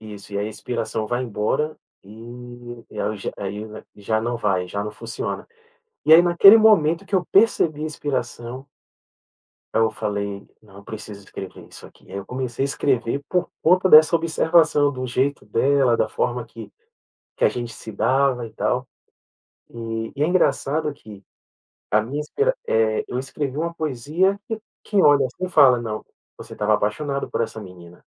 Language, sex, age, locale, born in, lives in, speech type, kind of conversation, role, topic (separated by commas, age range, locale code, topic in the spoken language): Portuguese, male, 40-44, pt-BR, Brazil, Portugal, conversation, podcast, guest, O que você faz quando bate um bloqueio criativo?